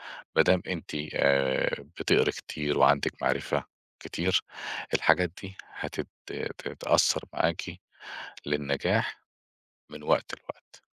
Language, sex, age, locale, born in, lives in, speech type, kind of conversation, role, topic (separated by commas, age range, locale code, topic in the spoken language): Arabic, male, 45-49, ar-EG, Egypt, Portugal, conversation, advice, advisor, إزاي أبطل أنتقد نفسي على طول عشان ما أتجنبش المحاولة؟